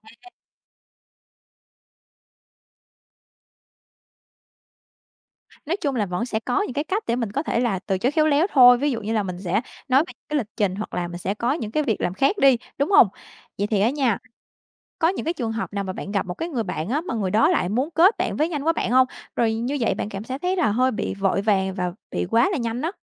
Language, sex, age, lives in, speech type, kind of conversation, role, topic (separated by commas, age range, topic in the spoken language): Vietnamese, female, 25-29, Vietnam, conversation, podcast, host, Bạn đã từng kết bạn với những du khách khác ở nhà nghỉ tập thể như thế nào?